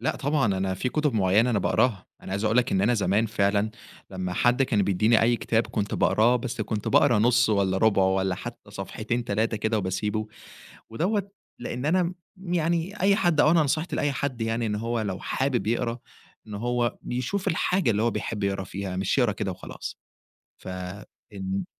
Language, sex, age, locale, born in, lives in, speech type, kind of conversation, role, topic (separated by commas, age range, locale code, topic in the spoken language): Arabic, male, 20-24, ar-EG, Egypt, Egypt, conversation, podcast, guest, إيه هي هوايتك المفضلة؟